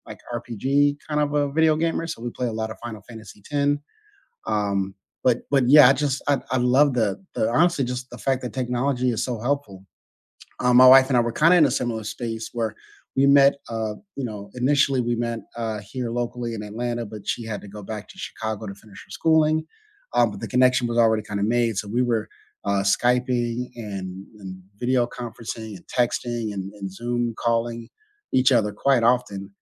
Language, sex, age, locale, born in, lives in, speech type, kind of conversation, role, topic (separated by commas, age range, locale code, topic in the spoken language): English, male, 35-39, en-US, United States, United States, conversation, unstructured, none, How has your use of technology changed the most over the past few years?